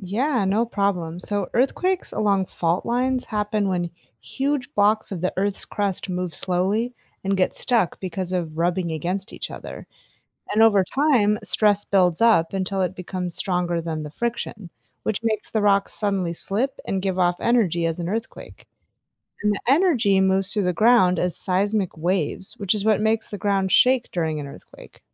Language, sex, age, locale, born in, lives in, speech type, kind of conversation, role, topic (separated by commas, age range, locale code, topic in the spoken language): English, female, 35-39, en-US, United States, United States, read, expert-assistant, expert, How do earthquakes occur along fault lines?